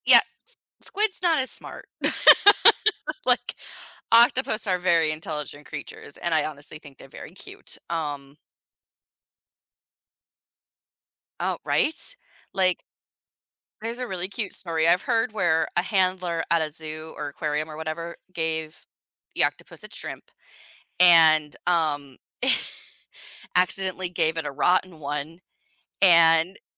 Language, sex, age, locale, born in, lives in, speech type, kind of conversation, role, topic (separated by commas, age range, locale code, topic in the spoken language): English, female, 30-34, en-US, United States, United States, conversation, podcast, host, Why do you think street food holds such a special place in so many cultures?